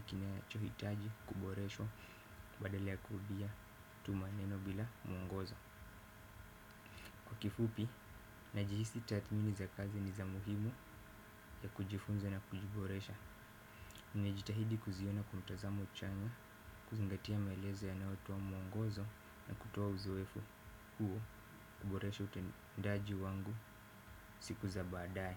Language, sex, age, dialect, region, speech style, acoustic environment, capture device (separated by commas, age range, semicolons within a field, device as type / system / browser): Swahili, male, 18-24, Kenya - Mombasa, Kenya, focused, silence, desktop / Windows / Firefox